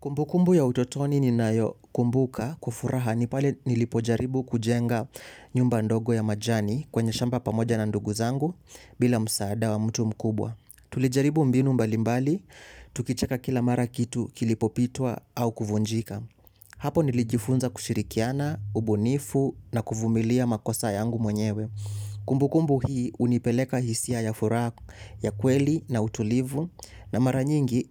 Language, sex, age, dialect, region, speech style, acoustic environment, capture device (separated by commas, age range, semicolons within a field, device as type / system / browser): Swahili, male, 18-24, Kenya - Mombasa, Kenya, excited, silence, mobile / Linux / Chrome